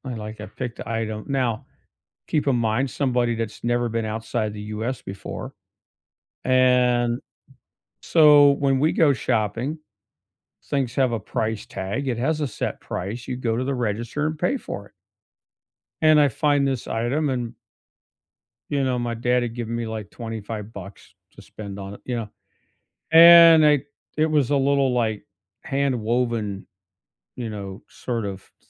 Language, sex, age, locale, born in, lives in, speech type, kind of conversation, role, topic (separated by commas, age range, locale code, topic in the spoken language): English, male, 55-59, en-US, United States, United States, conversation, unstructured, none, What travel memory still makes you smile, and what small moments made it so special?